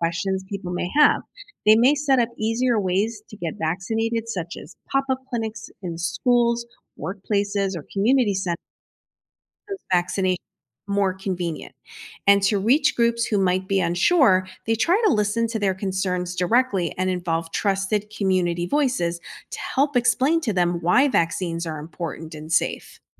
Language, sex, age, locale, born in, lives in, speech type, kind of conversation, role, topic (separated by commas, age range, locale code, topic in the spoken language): English, female, 50-54, en-US, United States, United States, read, expert-assistant, expert, How do vaccines protect individuals and communities from disease?